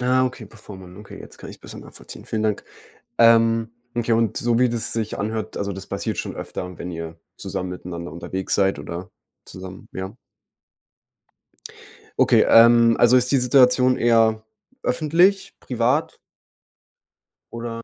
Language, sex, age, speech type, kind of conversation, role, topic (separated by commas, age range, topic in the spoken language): German, male, 20-24, conversation, advice, advisor, Wie kann ich damit umgehen, dass mich die direkte Rückmeldung meines Freundes verletzt?